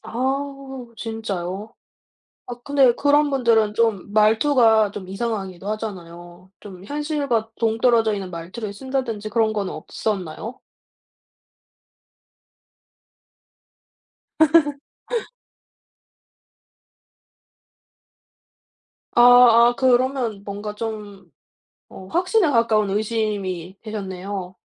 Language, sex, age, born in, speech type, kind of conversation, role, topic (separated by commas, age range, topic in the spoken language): Korean, female, 25-29, South Korea, conversation, podcast, host, 학습 파트너나 멘토는 왜 중요할까요?